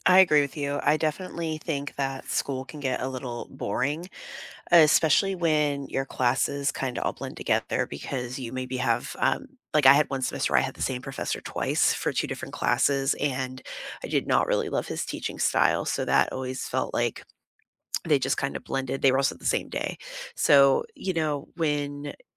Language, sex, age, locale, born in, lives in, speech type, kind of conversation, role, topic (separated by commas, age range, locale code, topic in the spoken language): English, female, 35-39, en-US, United States, United States, conversation, unstructured, none, When life gets hectic, what study habits do you rely on, and why do they work?